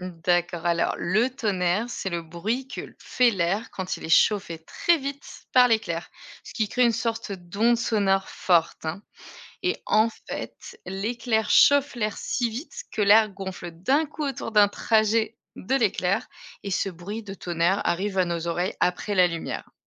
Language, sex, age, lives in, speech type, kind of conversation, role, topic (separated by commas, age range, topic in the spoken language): French, female, 35-39, Germany, read, expert-assistant, expert, Comment les éclairs se forment-ils lors d’un orage ?